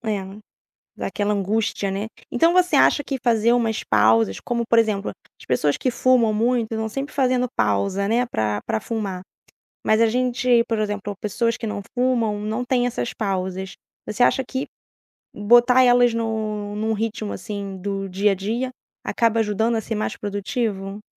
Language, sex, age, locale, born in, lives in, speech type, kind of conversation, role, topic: Portuguese, female, 35-39, pt-BR, Brazil, France, conversation, podcast, host, Que estratégias você usa para ser mais produtivo sem trabalhar mais horas?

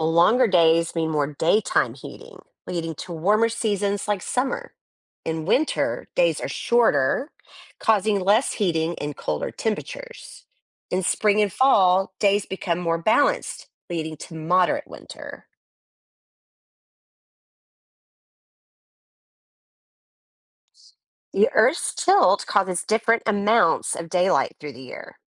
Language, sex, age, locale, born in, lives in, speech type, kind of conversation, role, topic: English, female, 45-49, en-US, United States, United States, read, expert-assistant, expert, How do the seasons change throughout the year?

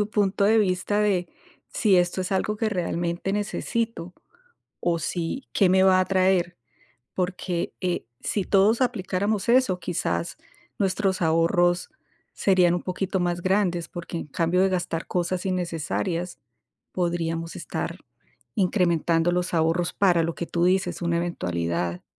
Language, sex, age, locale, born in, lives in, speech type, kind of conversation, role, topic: Spanish, female, 50-54, es-CO, Colombia, United States, conversation, unstructured, none, ¿Cómo decides cuándo gastar o ahorrar dinero?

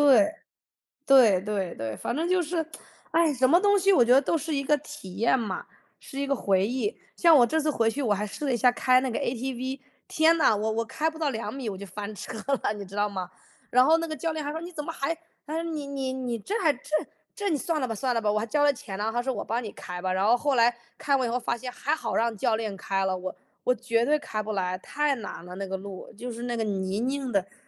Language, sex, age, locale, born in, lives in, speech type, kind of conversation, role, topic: Chinese, male, 35-39, zh-CN, United States, United States, conversation, unstructured, none, 你最喜欢什么运动？为什么？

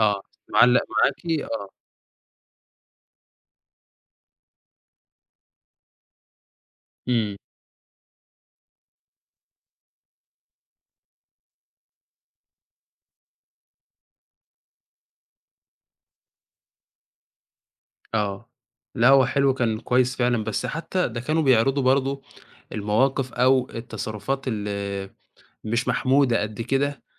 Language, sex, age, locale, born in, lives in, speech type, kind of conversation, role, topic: Arabic, male, 20-24, ar-EG, Egypt, Egypt, conversation, podcast, host, إيه المسلسل اللي بتفتكره من طفولتك ولسه فاكره لحد دلوقتي؟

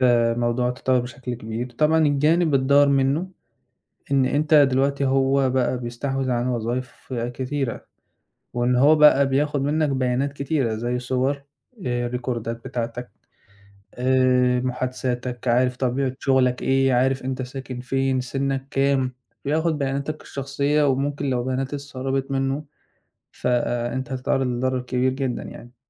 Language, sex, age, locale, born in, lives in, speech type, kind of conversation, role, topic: Arabic, male, 20-24, ar-EG, Egypt, Egypt, conversation, podcast, guest, إزاي بتختار الشغل المناسب ليك؟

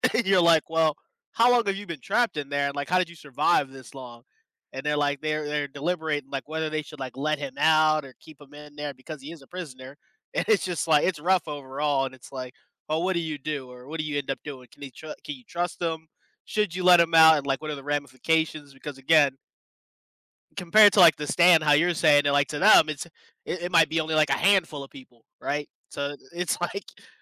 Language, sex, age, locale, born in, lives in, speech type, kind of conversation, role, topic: English, male, 25-29, en-US, United States, United States, conversation, unstructured, none, Which do you prefer, reading a book or watching a movie, and why?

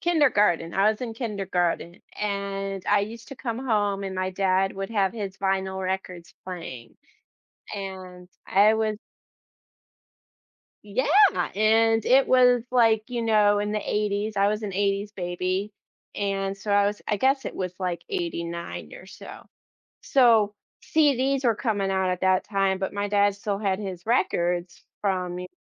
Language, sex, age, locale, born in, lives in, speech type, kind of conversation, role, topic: English, female, 35-39, en-US, United States, United States, conversation, podcast, guest, In what ways can music shape who we are and how we experience life?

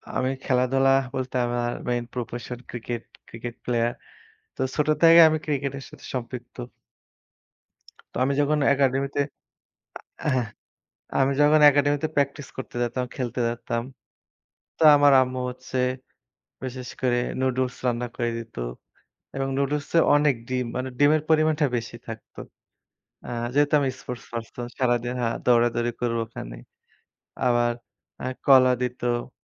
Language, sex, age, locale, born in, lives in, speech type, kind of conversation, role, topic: Bengali, male, 25-29, bn-BD, Bangladesh, Bangladesh, conversation, unstructured, none, কোন খাবারটি আপনাকে সবসময় কোনো বিশেষ স্মৃতির কথা মনে করিয়ে দেয়?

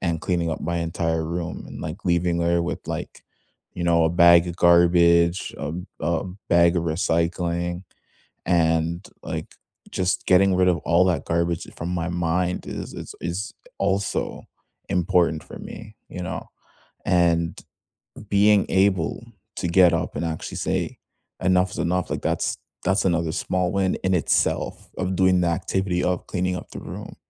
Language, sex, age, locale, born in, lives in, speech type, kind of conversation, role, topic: English, male, 20-24, en-CA, Canada, Canada, conversation, unstructured, none, What small win from this week are you celebrating?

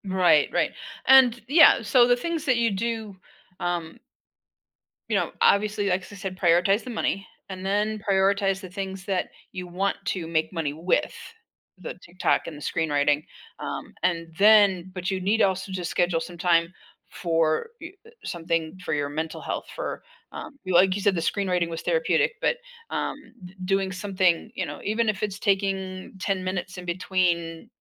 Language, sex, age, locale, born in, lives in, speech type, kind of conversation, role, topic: English, female, 60-64, en-US, United States, United States, conversation, advice, advisor, How can I boost my productivity without burning out?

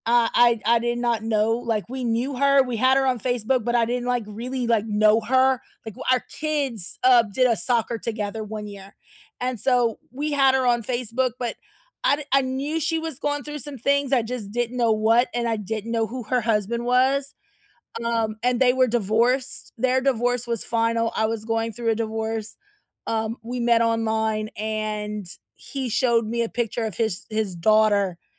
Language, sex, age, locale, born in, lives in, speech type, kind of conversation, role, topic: English, female, 40-44, en-US, United States, United States, conversation, unstructured, none, How do you deal with feeling betrayed by someone you love?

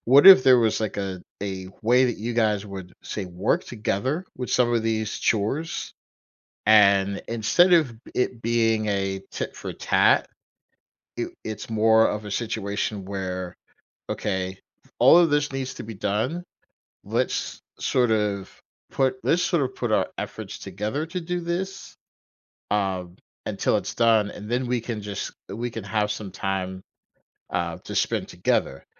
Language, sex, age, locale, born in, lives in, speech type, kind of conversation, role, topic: English, male, 45-49, en-US, United States, United States, conversation, advice, advisor, How can I better balance my work hours with family time?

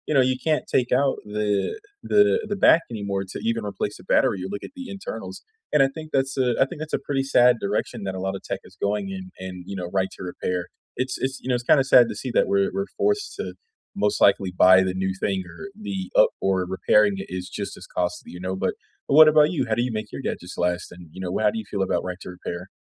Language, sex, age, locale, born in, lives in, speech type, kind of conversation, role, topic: English, male, 25-29, en-US, United States, United States, conversation, unstructured, none, How do you make your gadgets last longer, and how do right-to-repair laws affect your choices?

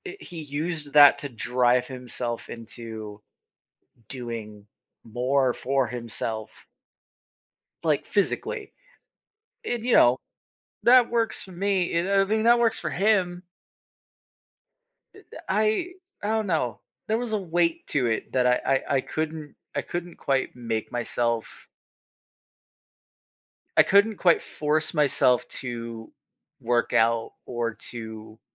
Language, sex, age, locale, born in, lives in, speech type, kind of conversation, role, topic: English, male, 25-29, en-US, United States, United States, conversation, podcast, guest, How can people find meaningful and healthy ways to cope with grief?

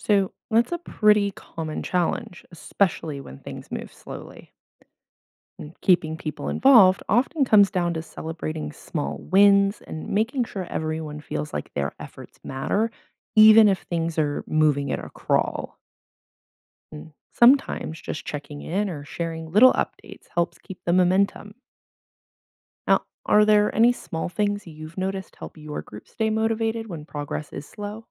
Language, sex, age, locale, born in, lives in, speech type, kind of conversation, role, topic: English, female, 25-29, en-US, United States, United States, read, expert-assistant, expert, What is the most effective way to use anthropology to solve real-world problems in communities?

